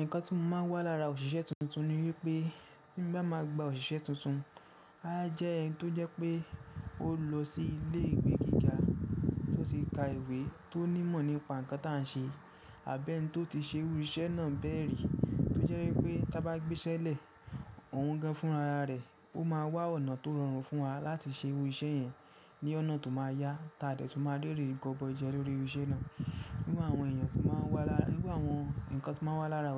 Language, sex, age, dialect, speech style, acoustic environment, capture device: Yoruba, male, 25-34, Nigeria - Ibadan, happy; focused, silence; nature, mobile / Linux / Chrome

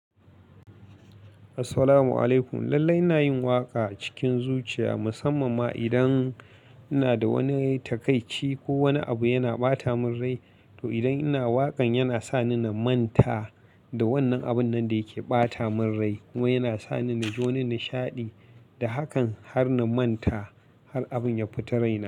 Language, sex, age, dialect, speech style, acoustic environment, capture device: Hausa, male, 25-34, Nigeria - Katsina, relaxed, appliances, mobile / Linux / Chrome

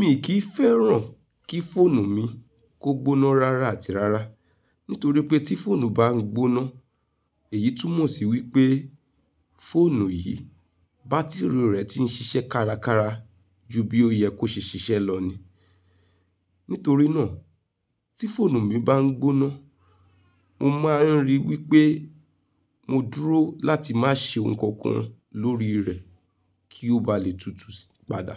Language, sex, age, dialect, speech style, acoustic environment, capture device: Yoruba, female, 35-44, Nigeria - Nigerian Standard, focused, silence, mobile / Linux / Chrome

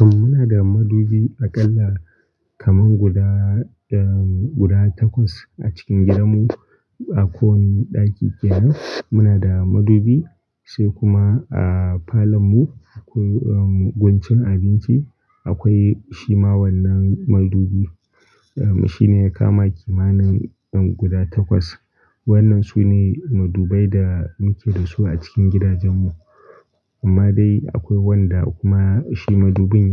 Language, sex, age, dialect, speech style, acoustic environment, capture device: Hausa, male, 18-24, Nigeria - Kano, relaxed; focused, nature, desktop / Linux / Chrome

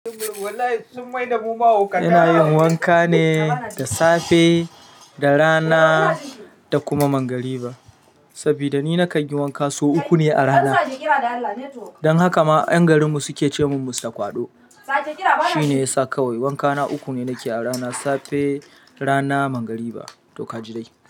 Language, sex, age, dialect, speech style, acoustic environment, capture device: Hausa, male, 35-44, Nigeria - Kano, happy, silence, mobile / Linux / Chrome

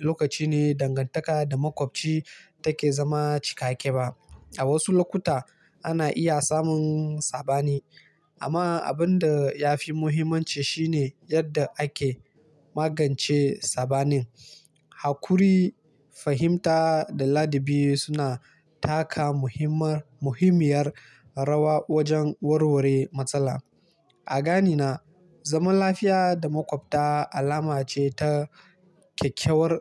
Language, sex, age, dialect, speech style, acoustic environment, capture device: Hausa, male, 18-24, Nigeria - Kano, focused, nature, mobile / Linux / Chrome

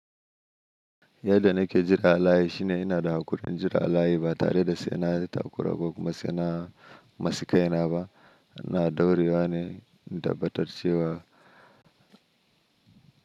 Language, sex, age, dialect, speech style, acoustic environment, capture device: Hausa, male, 25-34, Nigeria - Nigerian Standard, anxious; happy, silence; nature, mobile / Linux / Chrome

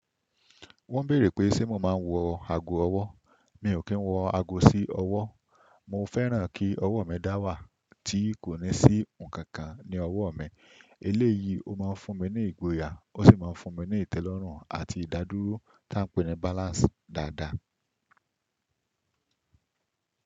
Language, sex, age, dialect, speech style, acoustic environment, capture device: Yoruba, male, 25-34, Nigeria - Ibadan, relaxed; happy; focused, silence, desktop / Windows / Chrome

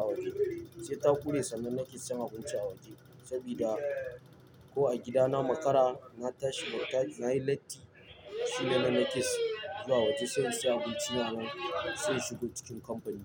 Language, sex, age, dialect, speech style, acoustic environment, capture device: Hausa, male, 18-24, Nigeria - Lagos Yoruba, nervous; tired, nature, mobile / Linux / Chrome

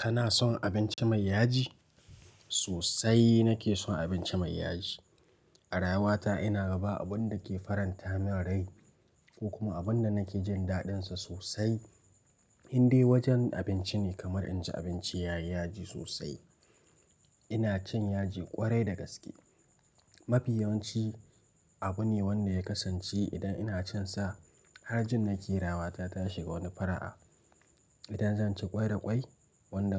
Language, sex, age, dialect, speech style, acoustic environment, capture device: Hausa, male, 18-24, Nigeria - Nigerian Standard, relaxed; focused, silence, mobile / Linux / Chrome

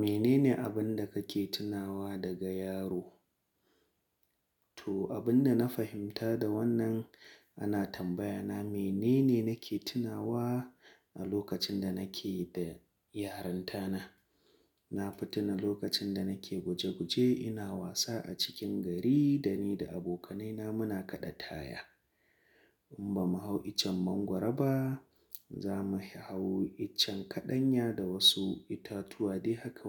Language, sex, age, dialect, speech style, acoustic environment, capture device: Hausa, male, 25-34, Nigeria - Kano, relaxed; focused, silence, mobile / Linux / Chrome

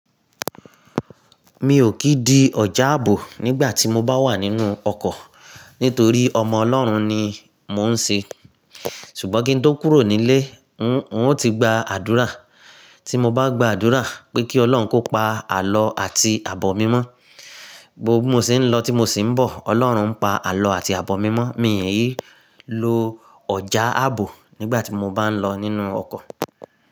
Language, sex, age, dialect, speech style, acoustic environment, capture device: Yoruba, male, 35-44, Nigeria - Nigerian Standard, focused; excited; happy, silence, mobile / Linux / Chrome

